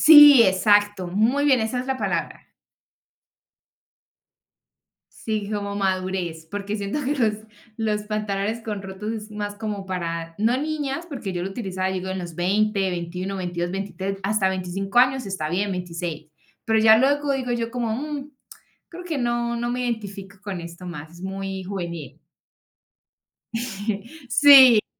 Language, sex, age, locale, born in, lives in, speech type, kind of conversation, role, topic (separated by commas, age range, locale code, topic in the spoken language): Spanish, female, 25-29, es-CO, Colombia, Spain, conversation, podcast, guest, ¿Cómo describirías tu estilo personal?